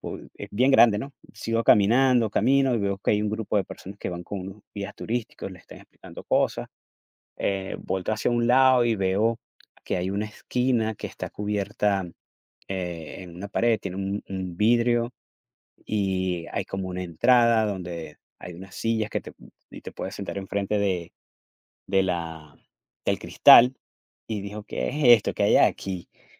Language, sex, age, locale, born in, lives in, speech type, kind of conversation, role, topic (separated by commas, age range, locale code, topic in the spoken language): Spanish, male, 45-49, es-VE, Venezuela, United States, conversation, unstructured, none, ¿Has visitado algún lugar histórico que te haya impactado?